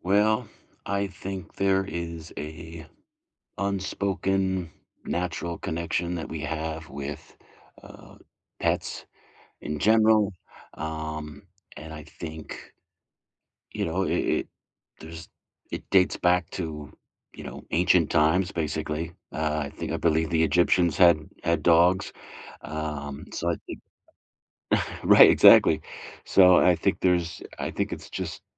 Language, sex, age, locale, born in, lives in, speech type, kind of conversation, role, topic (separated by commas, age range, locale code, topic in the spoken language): English, male, 35-39, en-US, United States, United States, conversation, unstructured, none, What makes pets so important in people’s lives?